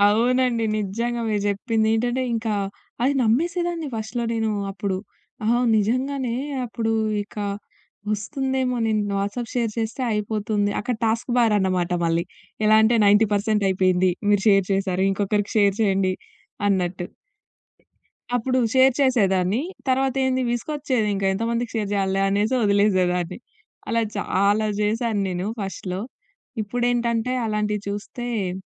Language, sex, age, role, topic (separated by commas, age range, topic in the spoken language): Telugu, female, 20-24, guest, వైరల్ వార్తను షేర్ చేసేముందు మీరు ఏమి చెక్ చేస్తారు?